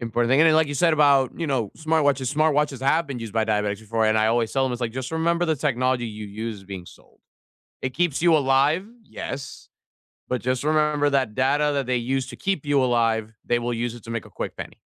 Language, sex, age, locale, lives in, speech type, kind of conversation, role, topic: English, male, 20-24, en-US, United States, conversation, unstructured, none, Do you think technology companies care enough about user privacy?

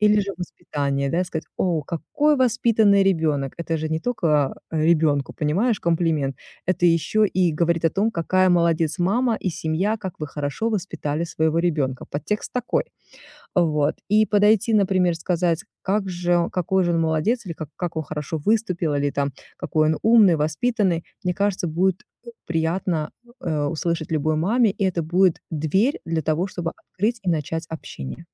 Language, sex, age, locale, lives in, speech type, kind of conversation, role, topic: Russian, female, 40-44, ru-RU, United States, conversation, advice, advisor, Как мне легче вписаться в новый круг людей?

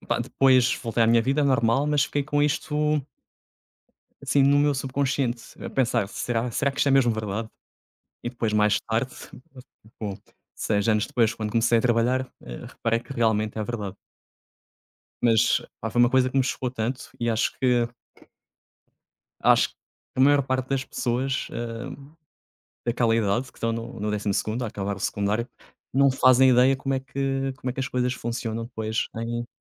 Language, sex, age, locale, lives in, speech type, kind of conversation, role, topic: Portuguese, male, 30-34, pt-PT, Portugal, conversation, unstructured, none, Como você acha que a escola poderia preparar melhor os alunos para a vida?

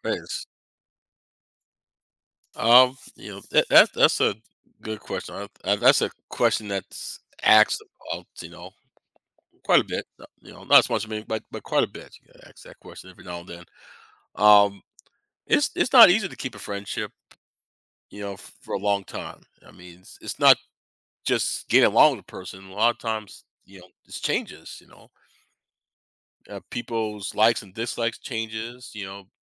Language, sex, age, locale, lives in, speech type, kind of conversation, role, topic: English, male, 50-54, en-US, United States, conversation, podcast, guest, How do meaningful friendships endure through life’s changes and challenges?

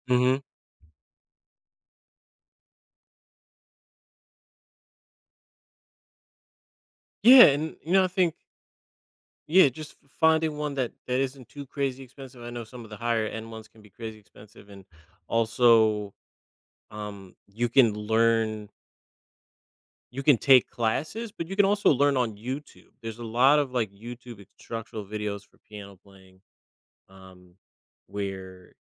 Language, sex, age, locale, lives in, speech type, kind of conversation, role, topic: English, male, 35-39, en-US, United States, conversation, advice, advisor, How can I find more meaning daily?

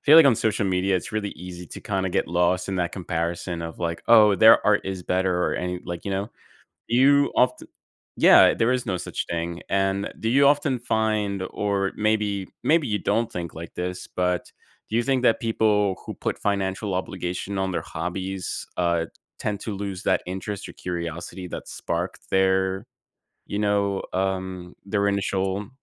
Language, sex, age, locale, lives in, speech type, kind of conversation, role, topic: English, male, 30-34, en-US, United States, conversation, podcast, host, Why are hobbies important for our mental well-being?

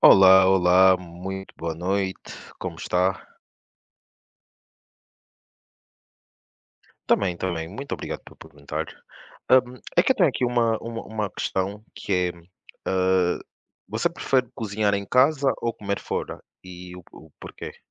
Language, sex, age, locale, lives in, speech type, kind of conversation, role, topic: Portuguese, male, 25-29, pt-PT, Portugal, conversation, unstructured, none, Você prefere cozinhar em casa ou comer fora? Por quê?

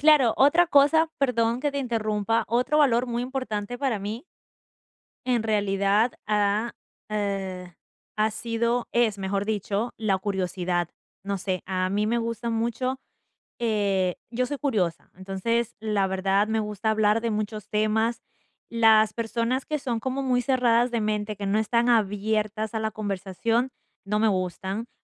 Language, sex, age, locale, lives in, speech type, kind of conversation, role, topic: Spanish, female, 20-24, es-EC, United States, conversation, advice, user, ¿Cómo puedo encontrar grupos con valores compartidos con los míos?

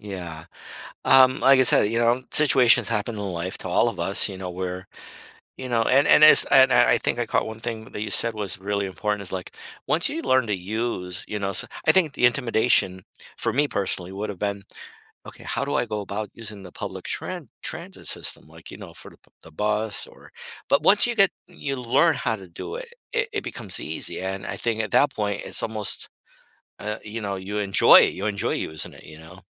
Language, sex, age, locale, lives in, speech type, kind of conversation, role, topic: English, male, 55-59, en-US, United States, conversation, advice, advisor, How can I repair my friendship after a disagreement?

